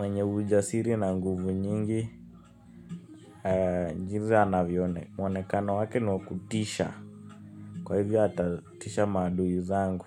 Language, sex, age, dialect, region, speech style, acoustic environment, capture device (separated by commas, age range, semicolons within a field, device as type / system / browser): Swahili, male, 18-24, Kenya - Nairobi Sheng-influenced, Kenya, nervous, music, mobile / Linux / Chrome